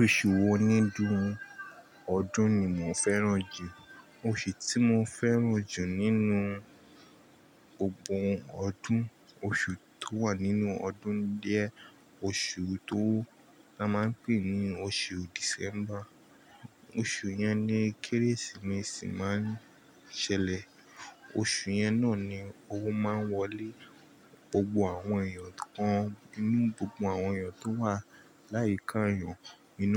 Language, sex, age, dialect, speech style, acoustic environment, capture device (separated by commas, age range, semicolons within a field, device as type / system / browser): Yoruba, male, 25-34, Nigeria - Nigerian Standard, relaxed, appliances, mobile / Linux / Chrome